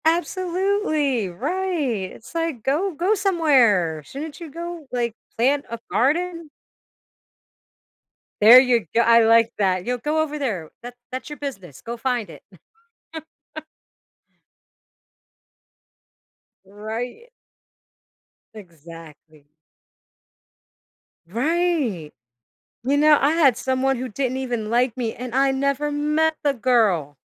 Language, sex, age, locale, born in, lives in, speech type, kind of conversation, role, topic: English, female, 40-44, en-US, United States, United States, conversation, podcast, host, How does misinformation shape our beliefs and actions in today's world?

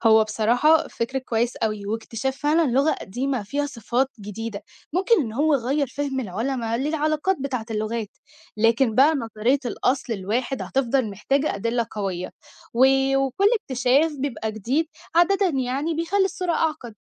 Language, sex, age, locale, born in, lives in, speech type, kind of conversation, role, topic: Arabic, female, 18-19, ar-EG, Egypt, Egypt, read, expert-assistant, expert, هل فعلاً كل لغات العالم ليها نفس الأصل ولا دي مجرد نظرية مش دقيقة؟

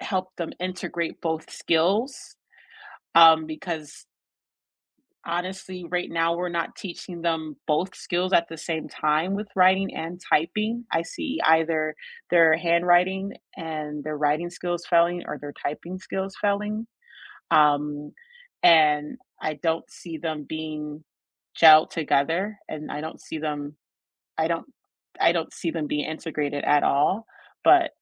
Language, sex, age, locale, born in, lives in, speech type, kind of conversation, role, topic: English, female, 35-39, en-US, United States, United States, conversation, unstructured, none, Paper notes vs. digital note-taking apps: what helps you remember better, and what tips can you share with each other?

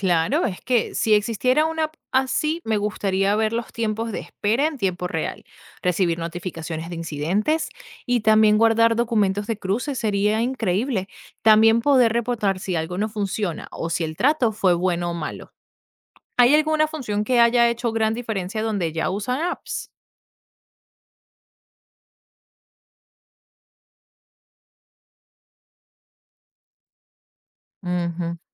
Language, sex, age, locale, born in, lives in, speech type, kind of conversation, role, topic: Spanish, female, 25-29, es-VE, Venezuela, United States, read, expert-assistant, asker, ¿Cómo podríamos hacer que las fronteras entre países sean menos complicadas para quienes viven cerca de ellas?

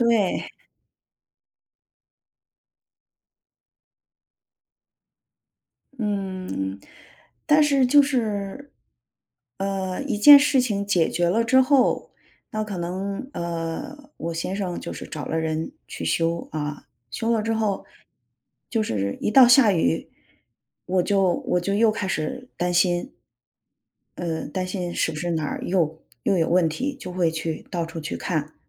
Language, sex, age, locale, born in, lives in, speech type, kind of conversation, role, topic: Chinese, female, 45-49, zh-CN, China, United States, conversation, advice, user, 为什么我晚上总是难以入睡，总被忧虑和杂念打扰？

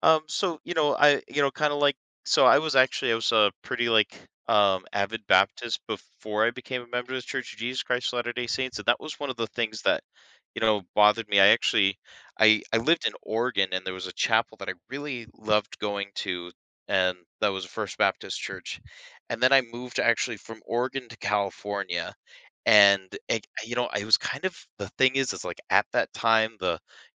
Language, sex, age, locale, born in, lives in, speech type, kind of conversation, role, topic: English, male, 30-34, en-US, United States, United States, conversation, podcast, guest, How does your faith shape your everyday choices and experiences?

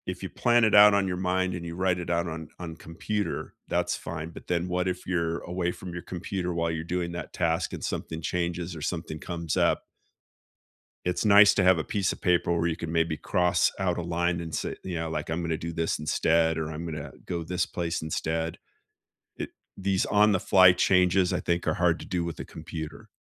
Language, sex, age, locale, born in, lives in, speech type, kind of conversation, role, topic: English, male, 55-59, en-US, United States, United States, conversation, unstructured, none, What small ritual makes your morning feel right for you?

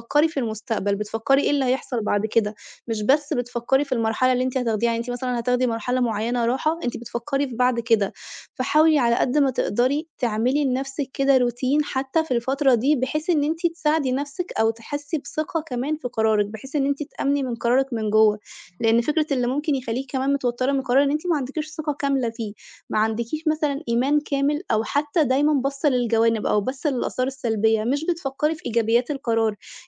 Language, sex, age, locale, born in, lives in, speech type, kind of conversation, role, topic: Arabic, female, 25-29, ar-EG, Egypt, Italy, conversation, advice, advisor, إزاي أتعامل مع قلقي من قرار إني أسيب الشغل عشان أركز على التعافي؟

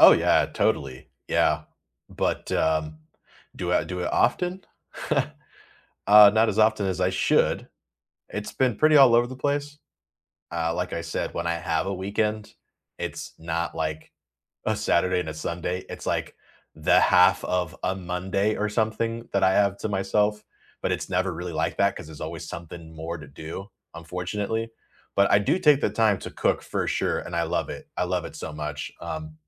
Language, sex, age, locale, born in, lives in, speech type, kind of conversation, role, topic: English, male, 30-34, en-US, United States, United States, conversation, unstructured, none, What small weekend rituals have been helping you recharge lately, and when does structure help or hinder your rest?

